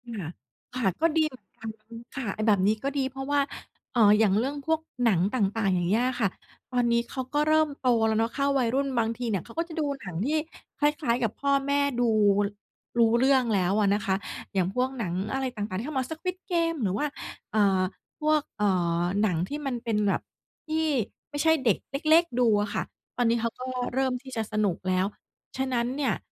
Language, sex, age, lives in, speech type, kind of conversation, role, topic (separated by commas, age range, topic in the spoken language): Thai, female, 40-44, Thailand, conversation, advice, user, อยากประหยัด แต่กลัวว่าคุณภาพชีวิตจะลดลง